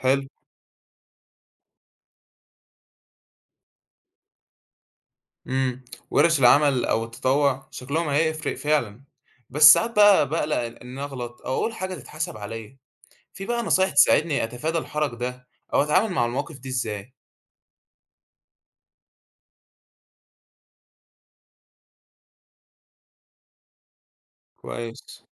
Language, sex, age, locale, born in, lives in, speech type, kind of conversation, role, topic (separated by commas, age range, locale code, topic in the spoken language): Arabic, male, 18-19, ar-EG, Egypt, Egypt, read, expert-assistant, asker, لو عايز أطور نفسي في فهم الاختلافات الثقافية بين الشعوب، أبتدي منين وازاي أمارس ده في حياتي اليومية؟